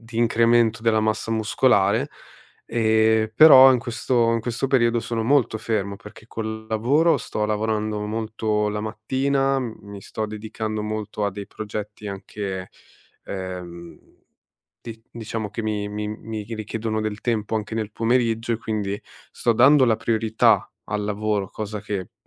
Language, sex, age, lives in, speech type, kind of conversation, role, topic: Italian, male, 30-34, Italy, conversation, advice, user, Come posso conciliare l’allenamento con orari di lavoro irregolari?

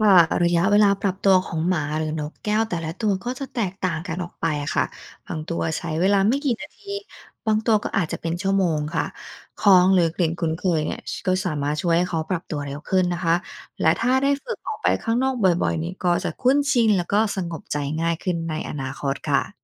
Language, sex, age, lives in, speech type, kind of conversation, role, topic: Thai, female, 35-39, United States, read, expert-assistant, expert, จะวางแผนกิจกรรมกับสัตว์เลี้ยงยังไงให้เข้ากับพฤติกรรมของแต่ละสายพันธุ์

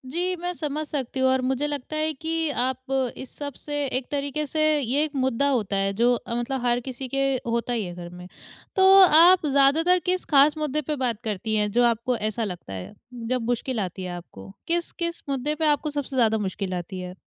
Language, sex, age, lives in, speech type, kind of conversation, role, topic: Hindi, female, 20-24, India, conversation, advice, advisor, आप पैसे से जुड़ी मुश्किल बातचीत शांति से कैसे शुरू कर सकते हैं?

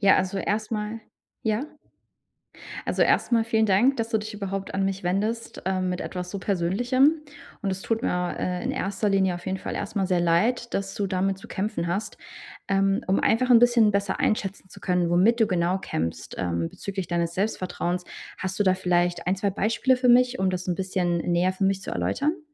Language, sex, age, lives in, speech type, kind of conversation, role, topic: German, female, 30-34, Germany, conversation, advice, advisor, Wie kann ich nach einem Rückschlag wieder Selbstvertrauen aufbauen und Zweifel überwinden?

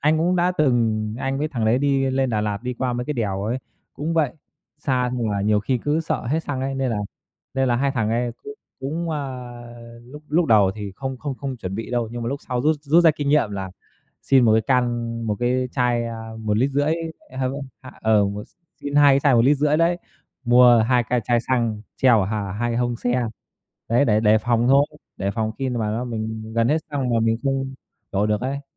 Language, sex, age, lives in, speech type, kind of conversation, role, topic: Vietnamese, male, 30-34, Vietnam, conversation, unstructured, none, Điều gì khiến bạn cảm thấy hứng thú nhất khi đi phượt?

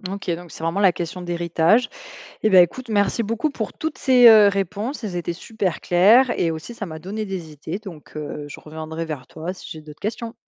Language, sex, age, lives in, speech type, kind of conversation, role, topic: French, female, 25-29, France, read, expert-assistant, asker, Est-ce que l’endroit où on grandit influence vraiment notre façon de voir le monde ?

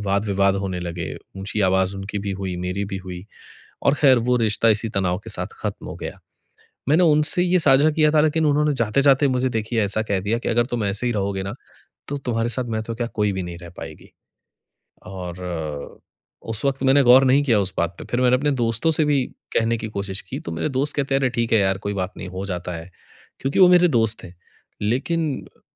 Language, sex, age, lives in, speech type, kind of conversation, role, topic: Hindi, male, 30-34, India, conversation, advice, user, ब्रेकअप के बाद मैं दुःख, क्रोध और पछतावे को कैसे संभालूँ?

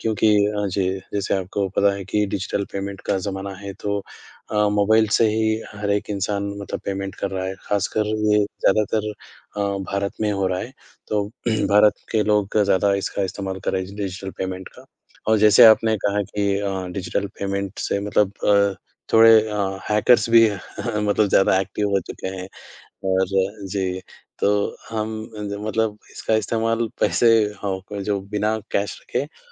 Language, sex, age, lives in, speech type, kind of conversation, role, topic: Hindi, male, 20-24, India, conversation, unstructured, none, क्या तकनीक ने हमारे रिश्तों को कमजोर किया है?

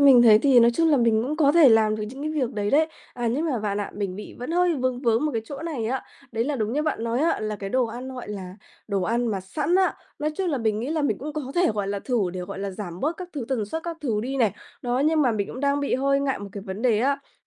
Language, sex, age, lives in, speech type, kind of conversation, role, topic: Vietnamese, female, 20-24, Vietnam, conversation, advice, user, Bạn ngại nấu và chỉ ăn đồ ăn sẵn—làm vậy có ảnh hưởng xấu đến sức khỏe không?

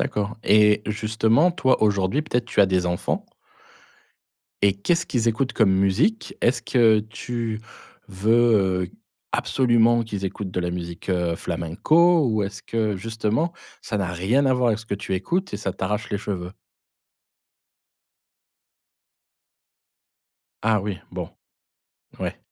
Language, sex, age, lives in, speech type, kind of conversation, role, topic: French, male, 40-44, France, conversation, podcast, host, As-tu des chansons que tu écoutes pour te reconnecter à tes racines ?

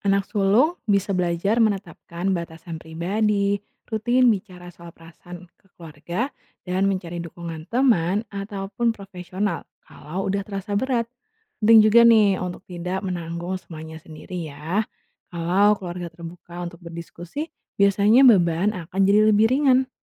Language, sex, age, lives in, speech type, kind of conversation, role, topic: Indonesian, female, 25-29, Indonesia, read, expert-assistant, expert, Apakah Peran Anak Sulung di Keluarga Indonesia Masih Berat Dibandingkan Dulu?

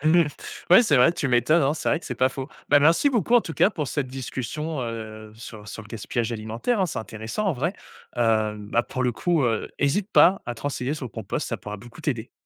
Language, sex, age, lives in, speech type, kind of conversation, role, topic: French, male, 20-24, France, conversation, podcast, host, Comment t’organises-tu au quotidien pour éviter le gaspillage alimentaire ?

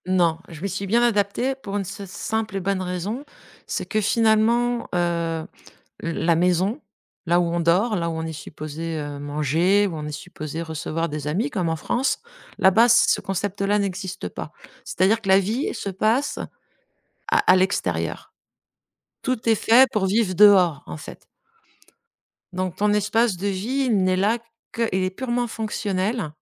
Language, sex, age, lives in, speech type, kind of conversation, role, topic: French, female, 50-54, France, conversation, podcast, guest, Comment aménages-tu un coin de travail dans un petit espace ?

